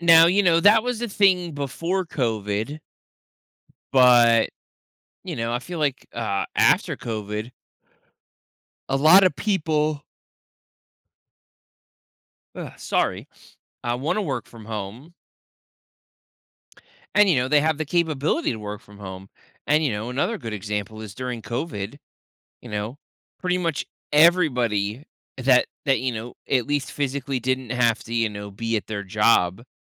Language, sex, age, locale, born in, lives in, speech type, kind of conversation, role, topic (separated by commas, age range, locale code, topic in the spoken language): English, male, 30-34, en-US, United States, United States, conversation, podcast, guest, In what ways has the internet transformed our everyday experiences and relationships?